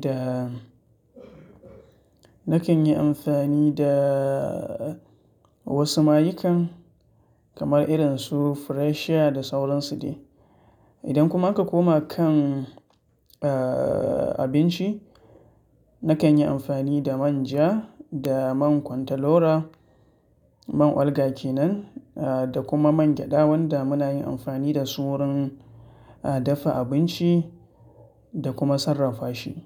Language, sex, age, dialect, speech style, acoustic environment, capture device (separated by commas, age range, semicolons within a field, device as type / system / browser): Hausa, male, 25-34, Nigeria - Katsina, focused, silence; nature, mobile / Linux / Chrome